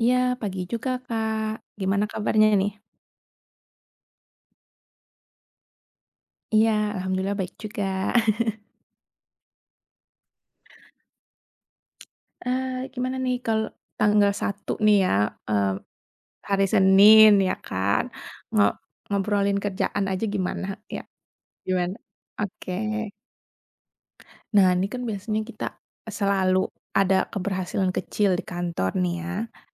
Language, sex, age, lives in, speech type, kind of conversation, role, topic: Indonesian, female, 30-34, Indonesia, conversation, unstructured, none, Bagaimana kamu merayakan keberhasilan kecil di kantor?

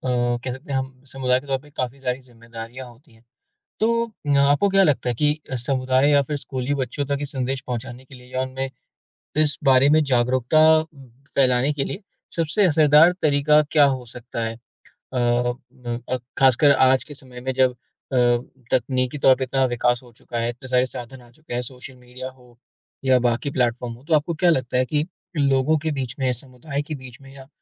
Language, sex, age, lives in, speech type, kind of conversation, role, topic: Hindi, male, 20-24, India, conversation, podcast, host, जल की बचत के लिए आपकी सबसे प्रभावी सलाह क्या है?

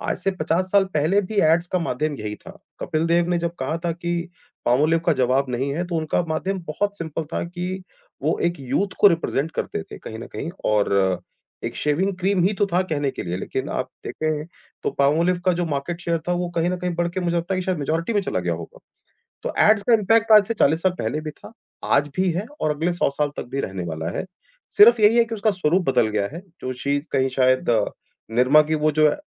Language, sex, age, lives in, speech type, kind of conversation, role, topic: Hindi, male, 40-44, India, conversation, podcast, guest, Media mein representation tumhein kaisa feel karwati hai?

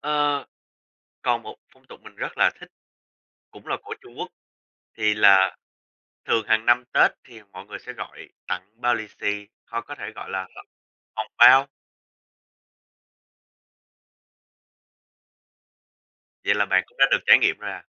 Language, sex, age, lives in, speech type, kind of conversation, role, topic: Vietnamese, male, 20-24, United States, conversation, unstructured, none, Điều gì khiến bạn ngạc nhiên nhất về phong tục tập quán ở các quốc gia khác?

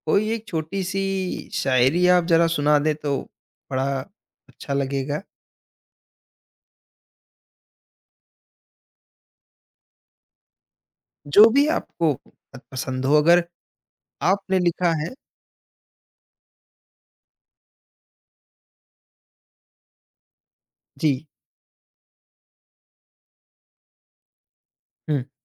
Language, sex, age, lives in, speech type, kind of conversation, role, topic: Hindi, male, 20-24, India, conversation, podcast, host, Kisi hobby karte waqt tumhe “flow” ka ehsaas kaise hota hai?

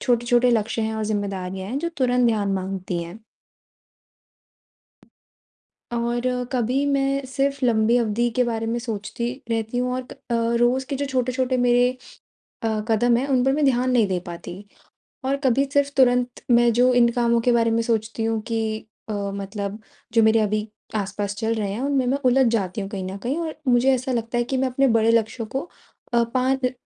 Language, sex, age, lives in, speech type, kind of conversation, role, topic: Hindi, female, 20-24, India, conversation, advice, user, आप लंबी और छोटी अवधि के लक्ष्यों का संतुलन कैसे बना सकते हैं?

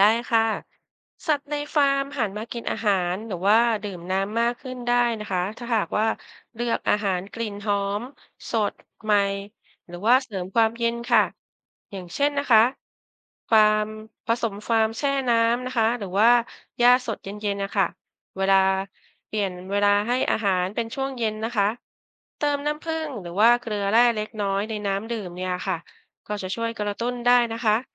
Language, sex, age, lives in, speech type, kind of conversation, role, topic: Thai, female, 50-54, Thailand, read, expert-assistant, expert, เมื่อต้องเผชิญกับปัญหาอากาศร้อนจัดและภัยแล้ง มีวิธีการดูแลสุขภาพสัตว์เลี้ยงในฟาร์มให้รอดปลอดภัยและผลผลิตไม่ลดลงได้อย่างไร?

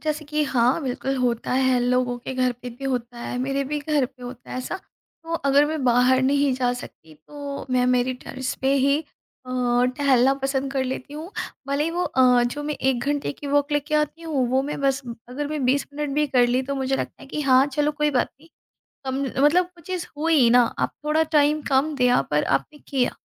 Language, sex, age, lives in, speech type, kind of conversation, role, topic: Hindi, female, 35-39, India, conversation, podcast, guest, Naye habits banane ka tumhara simple formula kya hai?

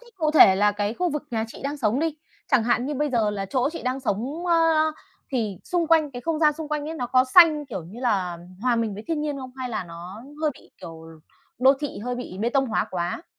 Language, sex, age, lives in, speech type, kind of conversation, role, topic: Vietnamese, female, 30-34, Vietnam, conversation, podcast, host, Bạn làm gì để giữ kết nối với thiên nhiên khi sống ở thành phố?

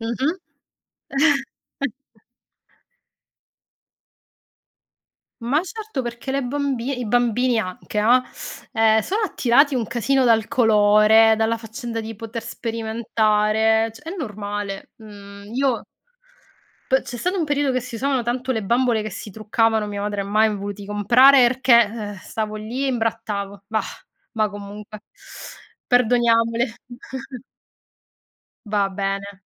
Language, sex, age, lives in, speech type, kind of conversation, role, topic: Italian, female, 40-44, Italy, conversation, unstructured, none, Qual è un ricordo d’infanzia che ti fa sempre sorridere?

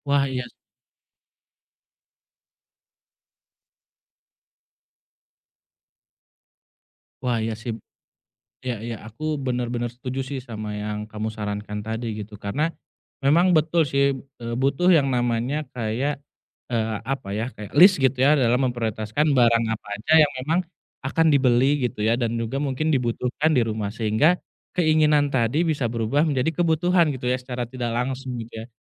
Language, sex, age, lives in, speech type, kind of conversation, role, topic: Indonesian, male, 30-34, Indonesia, conversation, advice, user, Bagaimana cara menimbang kebutuhan dan keinginan saat memilih barang baru untuk rumah?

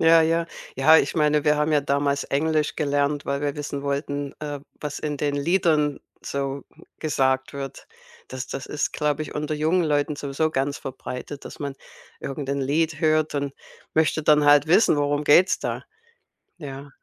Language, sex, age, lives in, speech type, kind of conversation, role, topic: German, female, 55-59, United States, conversation, podcast, host, Wie prägen Reisen deinen Musikgeschmack?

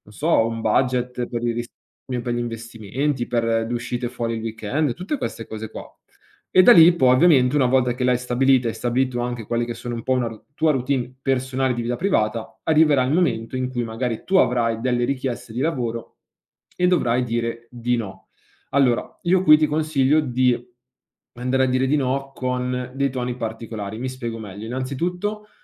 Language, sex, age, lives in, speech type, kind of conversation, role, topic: Italian, male, 25-29, Italy, conversation, advice, advisor, Come posso imparare a dire di no e smettere di accettare troppi incarichi?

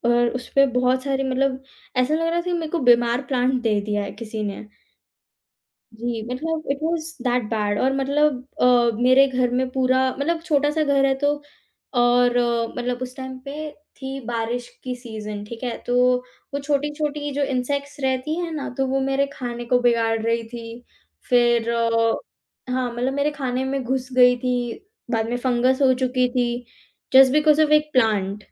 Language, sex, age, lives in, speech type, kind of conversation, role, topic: Hindi, female, 18-19, India, conversation, podcast, guest, Small apartment ko zyada spacious feel karane ke liye kaunse tips sabse kaam aate hain?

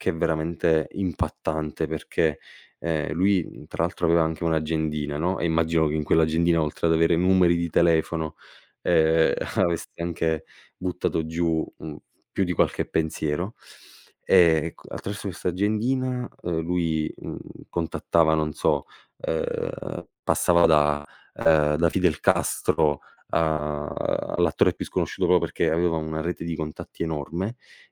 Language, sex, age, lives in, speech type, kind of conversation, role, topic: Italian, male, 25-29, Italy, conversation, podcast, guest, Che consiglio daresti a chi vuole iniziare a creare?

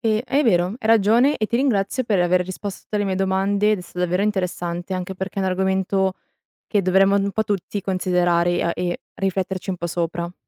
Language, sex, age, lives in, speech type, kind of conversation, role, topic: Italian, female, 20-24, Italy, conversation, podcast, host, Come chiedere un feedback difficile senza sentirsi sotto esame?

